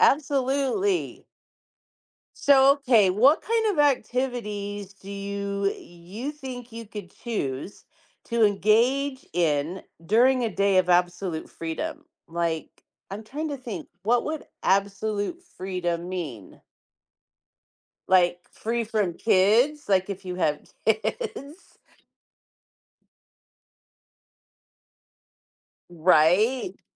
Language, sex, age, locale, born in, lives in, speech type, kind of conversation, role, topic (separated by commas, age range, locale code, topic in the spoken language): English, female, 50-54, en-US, United States, United States, conversation, unstructured, none, Would you rather have a day of absolute freedom or a day of absolute comfort?